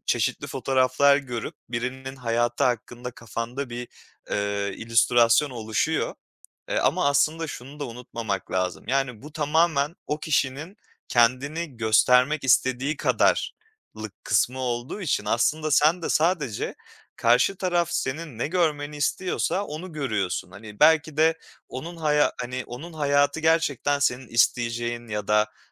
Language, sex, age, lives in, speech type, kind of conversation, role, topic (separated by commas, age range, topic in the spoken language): Turkish, male, 25-29, Poland, conversation, advice, advisor, Sosyal medyada mükemmel bir hayat sergileme baskısını ne kadar hissediyorsunuz?